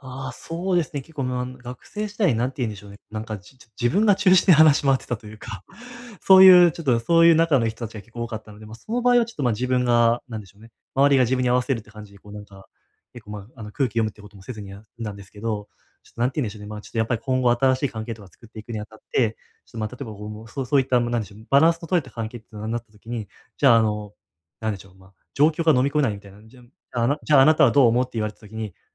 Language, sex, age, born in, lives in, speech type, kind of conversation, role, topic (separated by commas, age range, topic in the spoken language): Japanese, male, 20-24, Japan, Japan, conversation, advice, user, 障害を少しずつ乗り越えるには、どうすればいいですか？